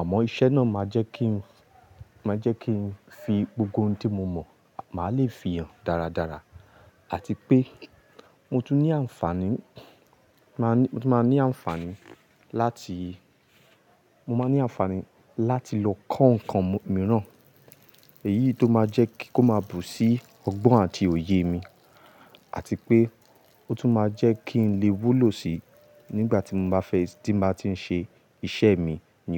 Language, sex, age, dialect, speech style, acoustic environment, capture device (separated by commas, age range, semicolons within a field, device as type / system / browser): Yoruba, male, 25-34, Nigeria - Ibadan, happy, people talking, mobile / Linux / Chrome